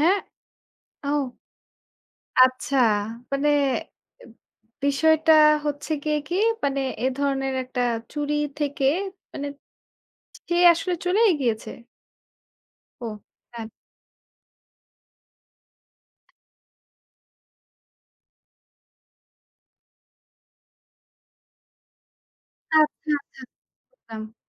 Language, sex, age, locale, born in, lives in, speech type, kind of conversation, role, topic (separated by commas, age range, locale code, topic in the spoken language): Bengali, female, 25-29, bn-BD, Bangladesh, Bangladesh, conversation, podcast, host, কেউ আপনার জিনিস চুরি করেছে বুঝতে পেরে আপনি কী করেছিলেন?